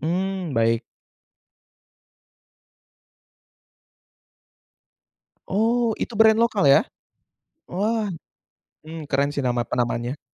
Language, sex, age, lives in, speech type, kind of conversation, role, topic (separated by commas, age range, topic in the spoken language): Indonesian, male, 20-24, Indonesia, conversation, podcast, host, Seberapa besar peran media sosial dalam menentukan gaya berpakaian kamu?